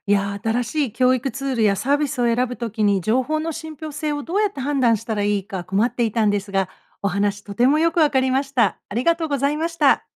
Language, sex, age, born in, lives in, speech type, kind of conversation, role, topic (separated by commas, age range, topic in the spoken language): Japanese, female, 55-59, Japan, United States, read, expert-assistant, asker, 新しい教育ツールやサービスを選ぶとき、情報の信ぴょう性はどうやって判断していますか？体験や考えをシェアしませんか。